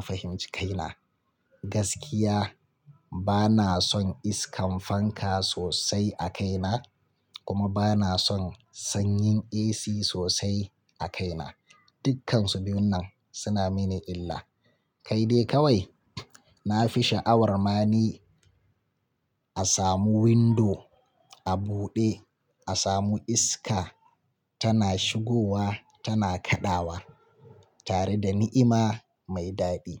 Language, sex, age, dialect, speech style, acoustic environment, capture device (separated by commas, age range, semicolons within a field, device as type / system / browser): Hausa, male, 35-44, Nigeria - Kano, relaxed; focused, silence, mobile / Linux / Chrome